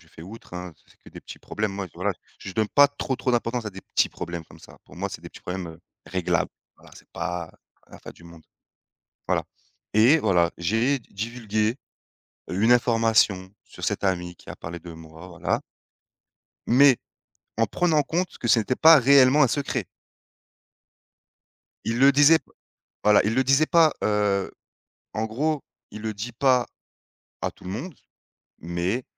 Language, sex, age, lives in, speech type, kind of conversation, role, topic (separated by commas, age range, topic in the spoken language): French, male, 30-34, France, conversation, unstructured, none, Comment réagirais-tu si un ami te demandait de garder un secret important ?